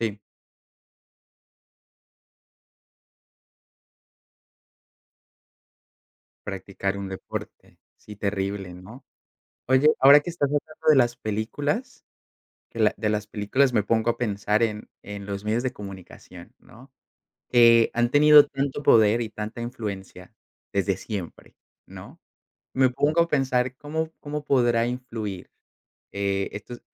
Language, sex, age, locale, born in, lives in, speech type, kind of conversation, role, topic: Spanish, male, 25-29, es-CO, Colombia, Netherlands, conversation, unstructured, none, ¿Qué opinas sobre los estereotipos en el deporte?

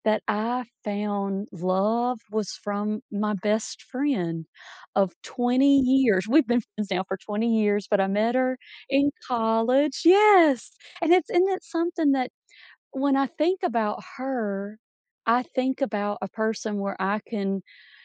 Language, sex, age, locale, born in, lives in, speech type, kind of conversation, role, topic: English, female, 40-44, en-US, United States, United States, conversation, podcast, guest, How do families build strong bonds and express love in everyday life?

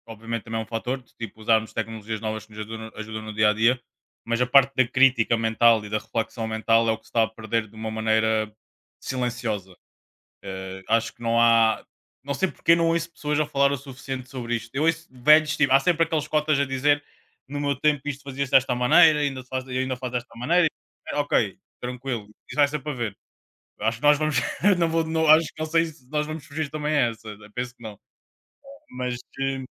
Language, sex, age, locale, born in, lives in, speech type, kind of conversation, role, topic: Portuguese, male, 25-29, pt-PT, Portugal, Portugal, conversation, unstructured, none, A tecnologia torna as pessoas mais preguiçosas na hora de pensar?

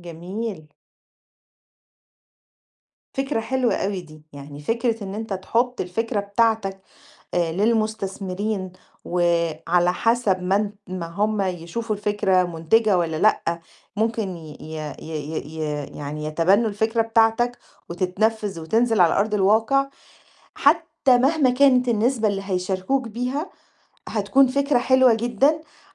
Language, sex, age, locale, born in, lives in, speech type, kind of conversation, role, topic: Arabic, female, 40-44, ar-EG, Egypt, Greece, conversation, advice, advisor, إزاي أحوّل أفكاري لمشاريع متكاملة بدل ما أبدأ وبس؟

